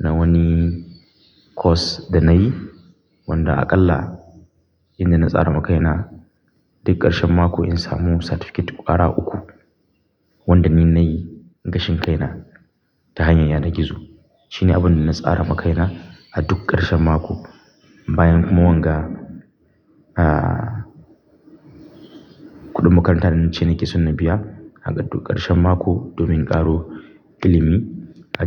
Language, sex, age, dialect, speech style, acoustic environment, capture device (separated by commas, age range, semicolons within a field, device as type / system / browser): Hausa, male, 25-34, Nigeria - Kano, happy; focused, silence; nature, mobile / Linux / Chrome